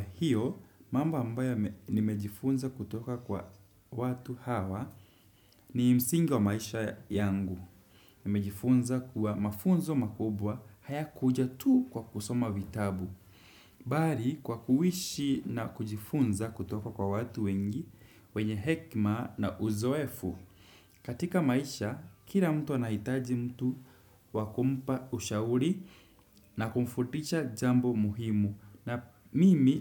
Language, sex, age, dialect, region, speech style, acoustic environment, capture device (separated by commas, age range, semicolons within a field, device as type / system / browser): Swahili, male, 18-24, Kenya - Nairobi Sheng-influenced, Kenya, focused; happy; excited, silence; appliances, desktop / Windows / Chrome